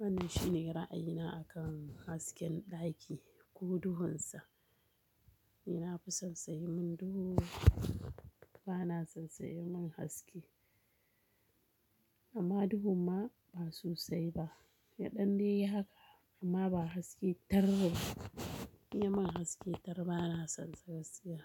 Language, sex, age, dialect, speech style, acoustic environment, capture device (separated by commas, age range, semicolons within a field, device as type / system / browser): Hausa, female, 35-44, Nigeria - Kano, happy, people talking, mobile / Linux / Chrome